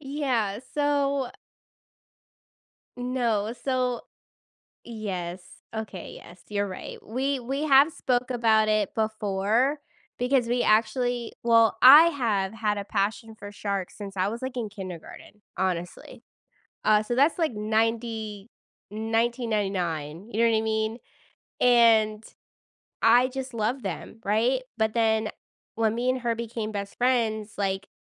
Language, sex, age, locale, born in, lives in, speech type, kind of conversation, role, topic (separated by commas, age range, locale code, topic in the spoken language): English, female, 30-34, en-US, United States, United States, conversation, podcast, guest, How has travel shaped your outlook on life and adventure?